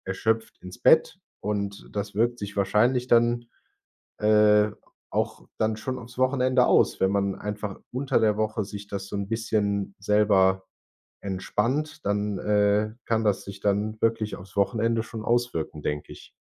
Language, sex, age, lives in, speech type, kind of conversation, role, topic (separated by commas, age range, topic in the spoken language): German, male, 25-29, Germany, conversation, advice, advisor, Wie kann ich damit anfangen, mir regelmäßige Ruhepausen zu gönnen?